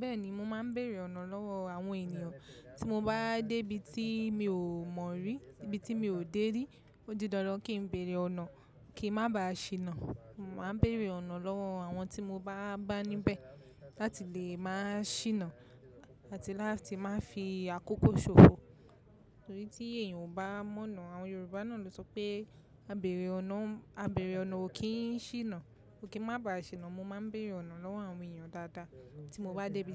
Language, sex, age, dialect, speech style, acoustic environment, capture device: Yoruba, female, 25-34, Nigeria - Lagos Yoruba, relaxed, people talking, mobile / macOS / Safari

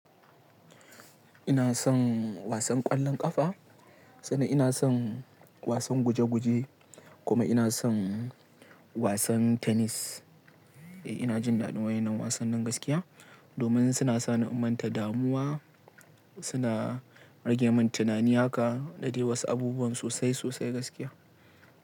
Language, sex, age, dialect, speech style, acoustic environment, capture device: Hausa, male, 18-24, Nigeria - Kano, relaxed, appliances, mobile / Linux / Chrome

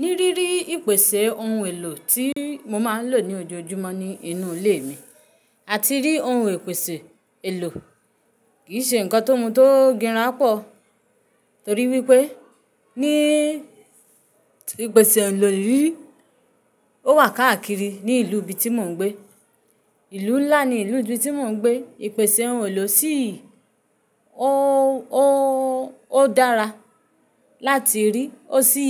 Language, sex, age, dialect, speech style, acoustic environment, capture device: Yoruba, female, 25-34, Nigeria - Ibadan, relaxed; happy; excited; focused, silence, mobile / Linux / Chrome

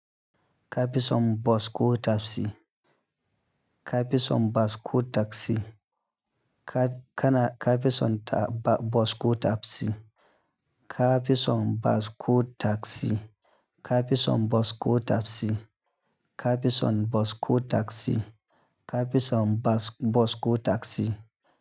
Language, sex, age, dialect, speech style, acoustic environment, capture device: Hausa, male, 25-34, Nigeria - Nigerian Standard, happy; anxious; tired; relaxed; nervous; excited; focused; stressed, people talking; music; nature; silence; traffic; construction; appliances, mobile / Linux / Chrome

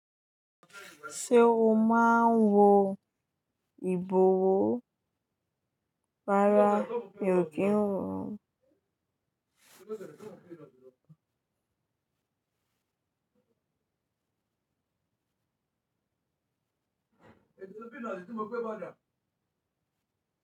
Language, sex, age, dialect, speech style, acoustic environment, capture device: Yoruba, female, 18-24, Nigeria - Lagos Yoruba, happy, people talking, mobile / Linux / Chrome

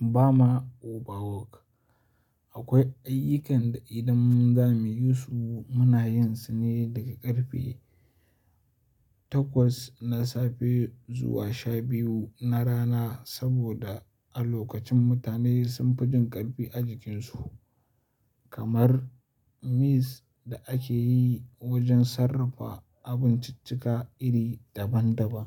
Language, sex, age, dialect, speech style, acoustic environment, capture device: Hausa, male, 18-24, Nigeria - Kano, focused, silence, mobile / Linux / Chrome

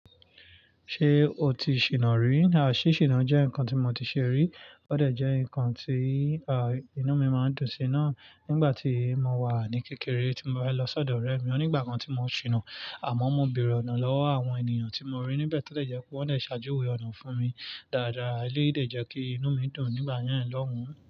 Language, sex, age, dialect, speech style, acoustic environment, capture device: Yoruba, male, 25-34, Nigeria - Nigerian Standard, relaxed, nature, mobile / Linux / Chrome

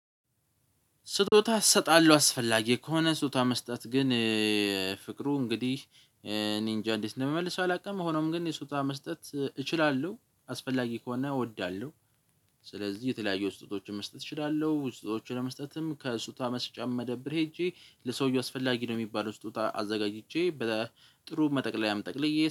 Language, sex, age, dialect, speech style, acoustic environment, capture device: Amharic, male, 18-24, Ethiopia - Addis Ababa, focused, silence, mobile / Linux / Chrome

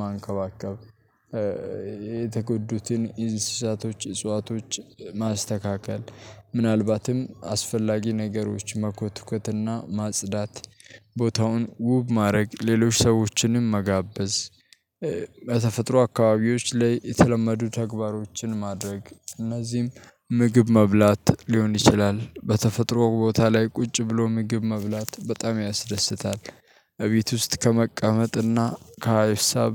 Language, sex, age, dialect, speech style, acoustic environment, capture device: Amharic, male, 18-24, Ethiopia - Addis Ababa, happy, nature, mobile / Linux / Chrome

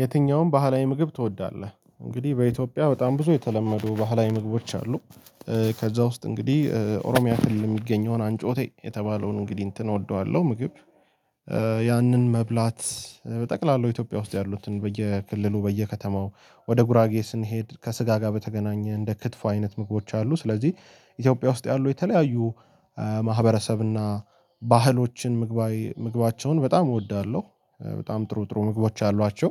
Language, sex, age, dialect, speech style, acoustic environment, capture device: Amharic, male, 18-24, Ethiopia - Addis Ababa, tired; relaxed, people talking; music; nature; silence, mobile / Linux / Chrome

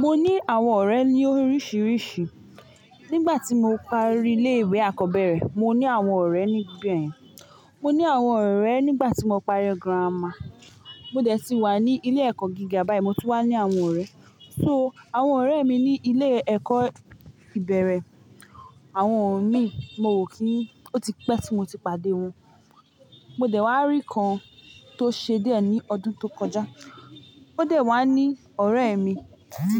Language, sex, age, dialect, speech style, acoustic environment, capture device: Yoruba, female, 25-34, Nigeria - Ibadan, nervous, people talking, mobile / Linux / Chrome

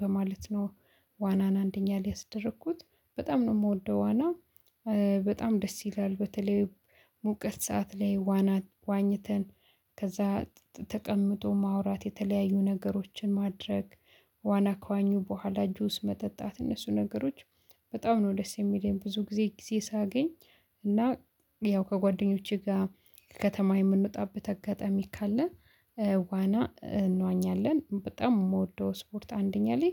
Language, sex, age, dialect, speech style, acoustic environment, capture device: Amharic, female, 25-34, Ethiopia - Addis Ababa, excited, silence, mobile / Linux / Chrome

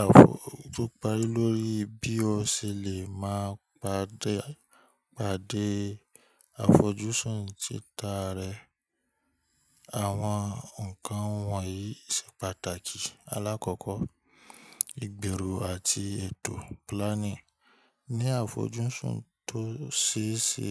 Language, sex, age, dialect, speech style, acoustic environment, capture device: Yoruba, male, 25-34, Nigeria - Ibadan, happy, nature, mobile / Linux / Chrome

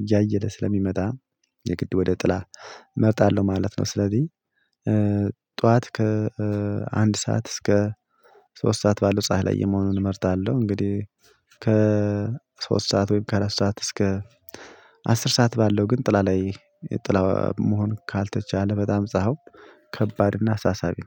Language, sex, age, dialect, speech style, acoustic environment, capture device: Amharic, male, 25-34, Ethiopia - Addis Ababa, happy; excited; focused, nature; silence, mobile / Linux / Chrome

